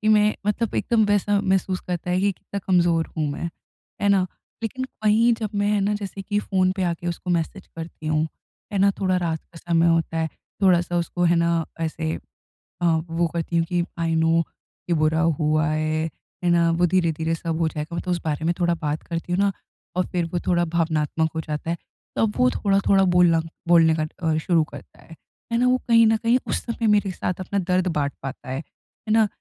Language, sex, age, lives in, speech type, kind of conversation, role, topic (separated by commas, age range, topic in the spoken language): Hindi, female, 20-24, India, conversation, advice, user, मैं सहानुभूति दिखाते हुए अपने दोस्त की मदद कैसे करूँ?